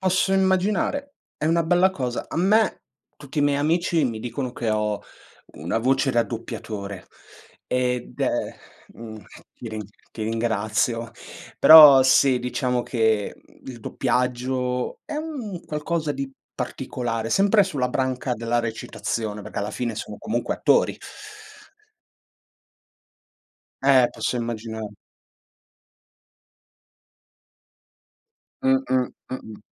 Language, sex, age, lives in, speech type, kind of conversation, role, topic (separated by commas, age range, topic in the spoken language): Italian, male, 25-29, Italy, conversation, unstructured, none, Quale hobby ti ha insegnato qualcosa di importante?